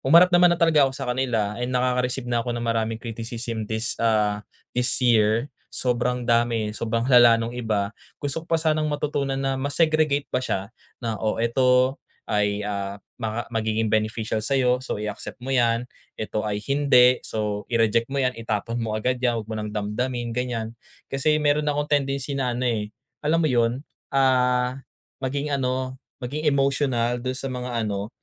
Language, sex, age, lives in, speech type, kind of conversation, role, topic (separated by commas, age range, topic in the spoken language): Filipino, male, 25-29, Philippines, conversation, advice, user, Paano ko masisiguro na ang feedback ay may kasamang solusyon at hindi lang puro kritisismo?